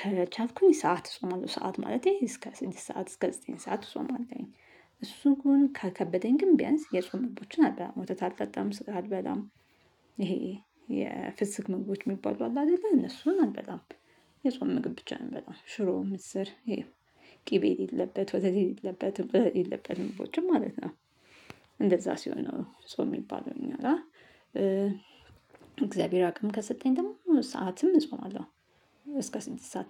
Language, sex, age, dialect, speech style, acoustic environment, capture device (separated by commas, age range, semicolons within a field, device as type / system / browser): Amharic, female, 35-44, Ethiopia - Addis Ababa, happy; nervous, silence, mobile / Linux / Chrome